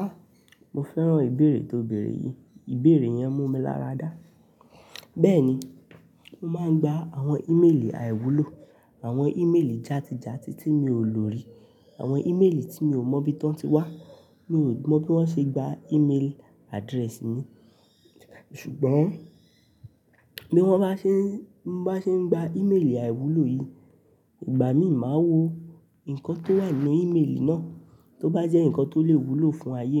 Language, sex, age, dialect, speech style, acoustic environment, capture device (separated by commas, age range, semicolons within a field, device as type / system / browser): Yoruba, male, 18-24, Nigeria - Nigerian Standard, relaxed, nature; people talking, mobile / Linux / Chrome